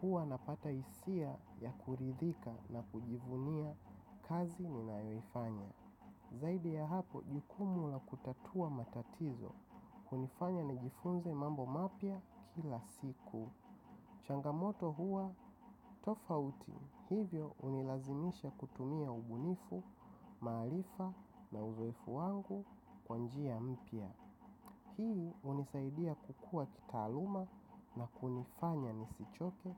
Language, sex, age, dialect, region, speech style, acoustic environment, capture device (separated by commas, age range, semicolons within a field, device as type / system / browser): Swahili, male, 25-34, Tanzania - Dar es Salaam, Tanzania, relaxed; happy; focused; excited, silence; nature; appliances, mobile / macOS / Safari